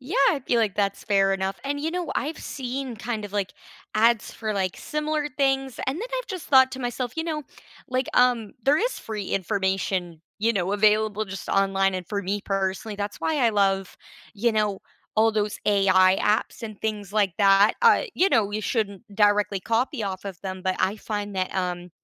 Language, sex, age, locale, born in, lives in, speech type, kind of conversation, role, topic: English, female, 20-24, en-CA, Canada, Canada, conversation, unstructured, none, Which apps or technologies have helped you learn better, and where do they fall short?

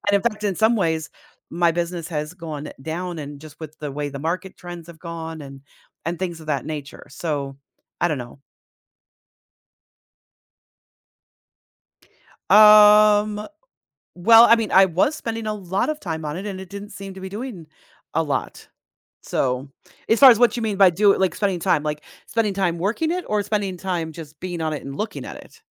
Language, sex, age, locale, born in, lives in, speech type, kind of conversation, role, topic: English, female, 50-54, en-US, United States, United States, conversation, advice, user, How can I stop comparing my happiness to others on social media?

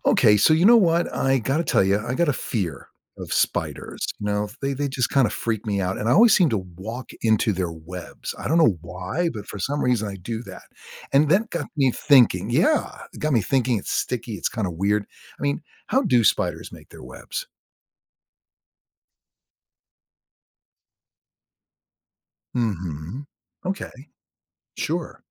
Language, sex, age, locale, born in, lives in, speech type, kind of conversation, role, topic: English, male, 60-64, en-US, United States, United States, read, expert-assistant, asker, How does a spider spin its web?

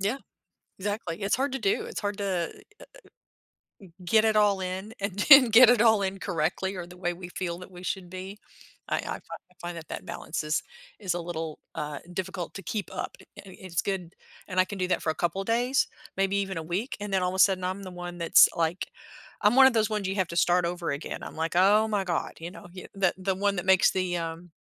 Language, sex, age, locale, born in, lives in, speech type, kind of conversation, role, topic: English, female, 50-54, en-US, United States, United States, conversation, podcast, host, What are effective ways to maintain a healthy balance between work and personal wellbeing?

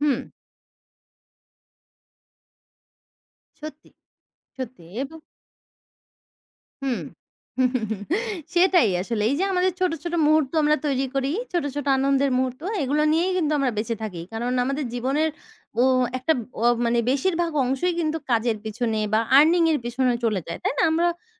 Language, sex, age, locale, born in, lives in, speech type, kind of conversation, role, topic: Bengali, female, 20-24, bn-BD, Bangladesh, Bangladesh, conversation, unstructured, none, কোন কাজ করলে আপনি সবচেয়ে বেশি আনন্দ পান?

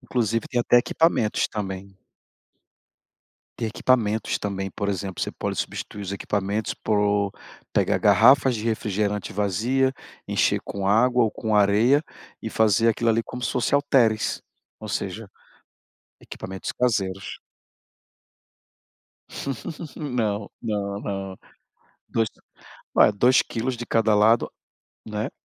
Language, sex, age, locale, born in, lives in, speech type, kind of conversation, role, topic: Portuguese, male, 40-44, pt-BR, Brazil, Portugal, conversation, advice, advisor, Como posso incorporar pequenas atividades físicas na minha rotina diária?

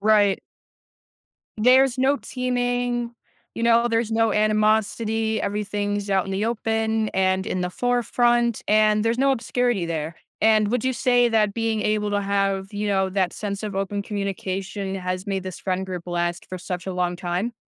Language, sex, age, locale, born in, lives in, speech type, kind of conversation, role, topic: English, other, 20-24, en-US, United States, United States, conversation, podcast, host, What helps friendships last many years?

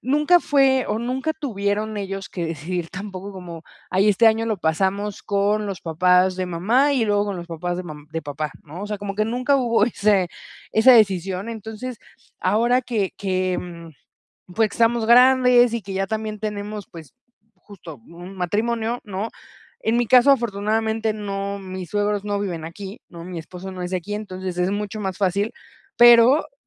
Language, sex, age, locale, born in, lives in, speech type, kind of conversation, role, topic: Spanish, female, 30-34, es-MX, Mexico, Mexico, conversation, advice, user, ¿Cómo puedo aclarar los límites y expresar expectativas en mi familia para evitar peleas repetidas?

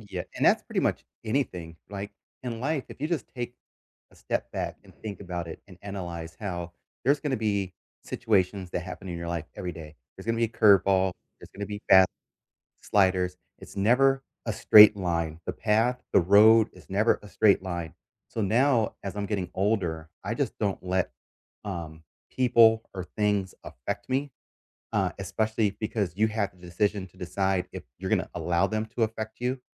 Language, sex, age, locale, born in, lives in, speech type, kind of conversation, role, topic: English, male, 45-49, en-US, United States, United States, conversation, unstructured, none, What tool or app has changed your workday recently?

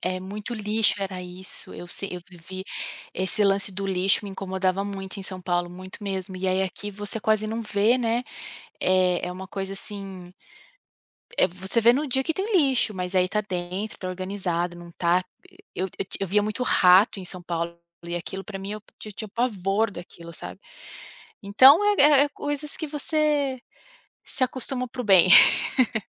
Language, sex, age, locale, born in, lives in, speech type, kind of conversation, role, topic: Portuguese, female, 35-39, pt-BR, Brazil, United States, conversation, podcast, guest, Que perspectiva você ganhou ao conhecer lugares diferentes?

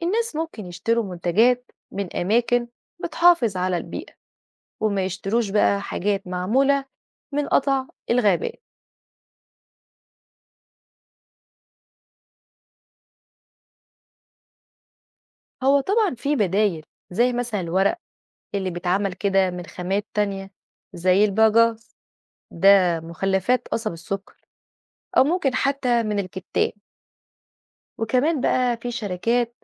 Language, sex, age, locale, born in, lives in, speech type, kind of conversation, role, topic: Arabic, female, 30-34, ar-EG, Egypt, Portugal, read, expert-assistant, expert, ما هي آثار قطع الغابات على المناخ؟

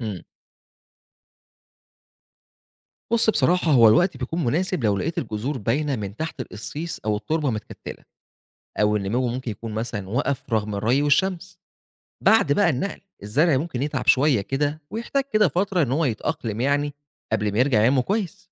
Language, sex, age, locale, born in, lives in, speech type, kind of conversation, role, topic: Arabic, male, 25-29, ar-EG, Egypt, Egypt, read, expert-assistant, expert, إيه أفضل الطرق اللي أقدر أتابع بيها نمو الزرع في البيت أو في الأرض؟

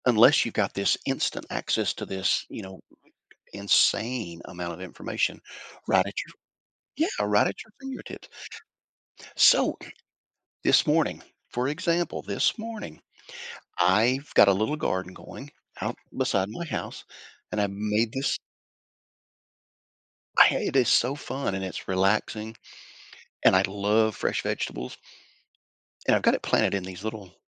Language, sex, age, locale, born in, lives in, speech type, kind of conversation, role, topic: English, male, 55-59, en-US, United States, United States, conversation, podcast, guest, How have smartphones changed the way we approach learning and working in everyday life?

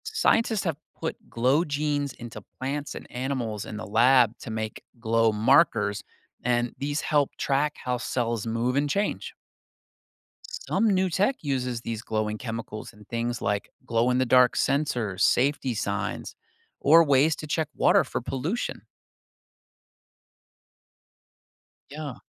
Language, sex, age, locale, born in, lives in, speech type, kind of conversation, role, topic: English, male, 45-49, en-US, United States, United States, read, expert-assistant, expert, Why do animals and plants glow, and how does this affect them and us?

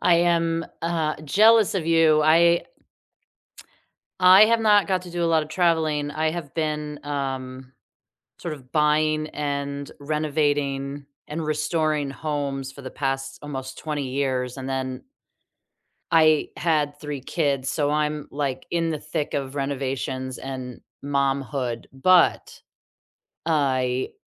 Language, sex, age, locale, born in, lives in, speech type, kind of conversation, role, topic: English, female, 40-44, en-US, United States, United States, conversation, unstructured, none, What is the best way to meet locals when you travel?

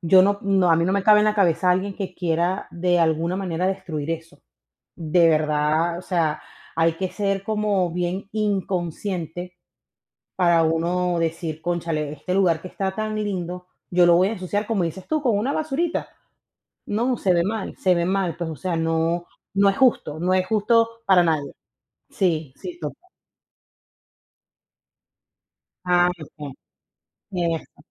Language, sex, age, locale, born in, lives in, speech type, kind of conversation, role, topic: Spanish, female, 35-39, es-VE, Venezuela, United States, conversation, unstructured, none, ¿Deberían las personas limpiar después de usar un espacio público?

